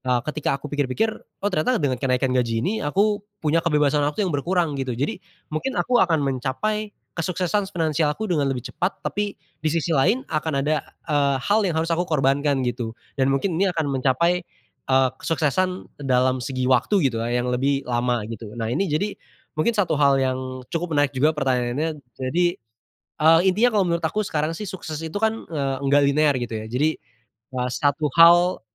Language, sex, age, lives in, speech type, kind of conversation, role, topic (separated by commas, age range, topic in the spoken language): Indonesian, male, 20-24, Indonesia, conversation, podcast, guest, Apa arti sukses menurutmu sekarang, dan apakah berbeda dari dulu?